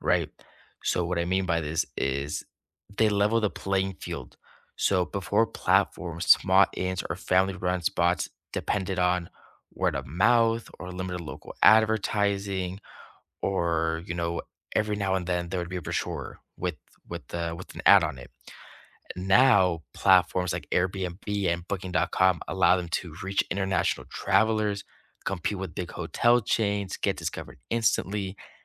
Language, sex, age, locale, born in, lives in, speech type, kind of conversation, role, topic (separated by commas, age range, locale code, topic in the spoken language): English, male, 20-24, en-US, United States, United States, conversation, unstructured, none, Do budget travel platforms harm small local accommodations more than they benefit them?